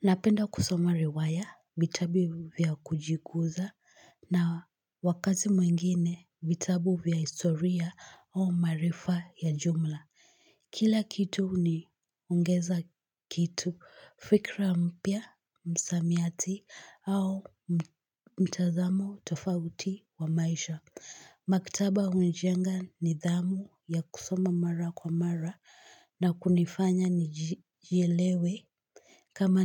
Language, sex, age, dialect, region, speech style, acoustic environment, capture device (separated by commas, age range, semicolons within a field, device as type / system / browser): Swahili, female, 18-24, Kenya - Nairobi Sheng-influenced, Kenya, excited; focused; relaxed, silence, mobile / Linux / Chrome